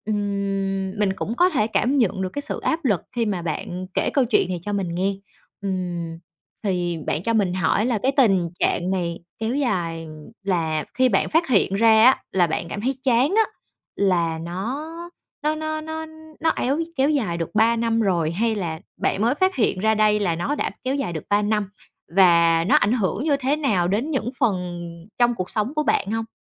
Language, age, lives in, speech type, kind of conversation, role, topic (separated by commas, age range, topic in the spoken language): Vietnamese, 30-34, Vietnam, conversation, advice, advisor, Bạn cảm thấy thế nào khi cuộc sống cứ lặp đi lặp lại và bạn dần mất đi nhiệt huyết?